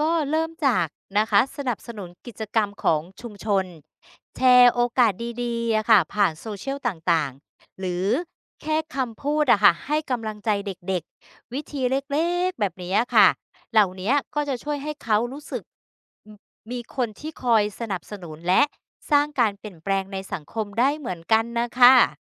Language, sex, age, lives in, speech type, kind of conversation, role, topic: Thai, female, 50-54, Thailand, read, expert-assistant, expert, ในชีวิตประจำวัน มีวิธีไหนที่เราสามารถช่วยลดช่องว่างระหว่างคนที่มีมากกับคนที่มีน้อยได้บ้าง?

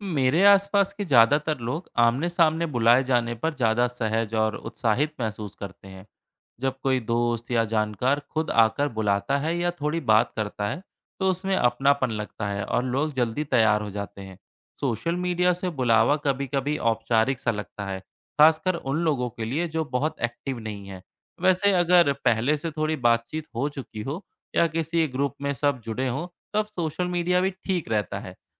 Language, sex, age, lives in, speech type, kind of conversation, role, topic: Hindi, male, 30-34, India, read, expert-assistant, asker, क्या विविध सांस्कृतिक पृष्ठभूमि वाले लोग एक-दूसरे से घुलमिलने के लिए कौन से आसान तरीके अपना सकते हैं?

